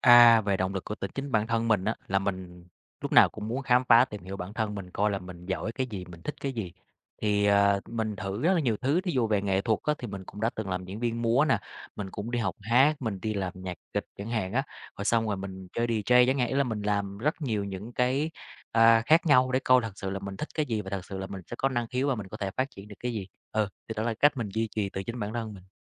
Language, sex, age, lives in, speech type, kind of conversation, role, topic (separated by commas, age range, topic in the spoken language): Vietnamese, male, 30-34, Vietnam, conversation, podcast, guest, Bạn làm gì để duy trì sự tò mò suốt đời?